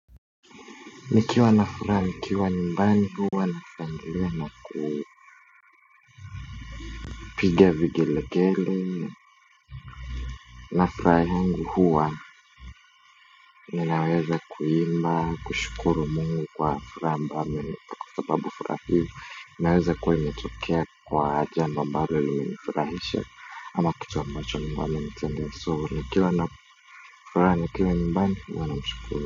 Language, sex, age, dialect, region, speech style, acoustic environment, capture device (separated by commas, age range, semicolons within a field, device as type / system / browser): Swahili, male, 18-24, Kenya - Nairobi Sheng-influenced, Kenya, happy; anxious; excited; nervous; focused; tired; relaxed; stressed, appliances; silence; people talking; music; nature; construction; traffic, mobile / Linux / Chrome